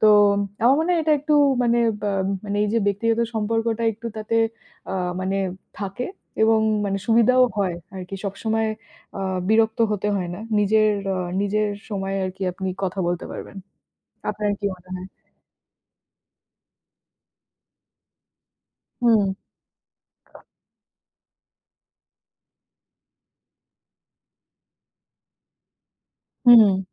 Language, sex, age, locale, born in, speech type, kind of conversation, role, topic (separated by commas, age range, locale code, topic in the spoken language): Bengali, female, 30-34, bn-IN, India, conversation, unstructured, none, প্রতিবেশীদের সঙ্গে ভালো সম্পর্ক কীভাবে গড়ে তোলা যায়?